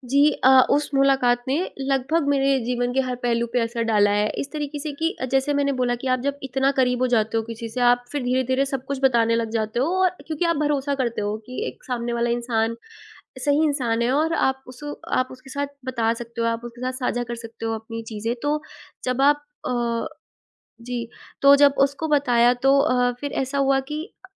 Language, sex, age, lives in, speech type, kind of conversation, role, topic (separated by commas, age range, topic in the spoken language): Hindi, female, 20-24, India, conversation, podcast, guest, क्या कभी किसी अनपेक्षित मुलाकात ने आपकी ज़िंदगी बदल दी है?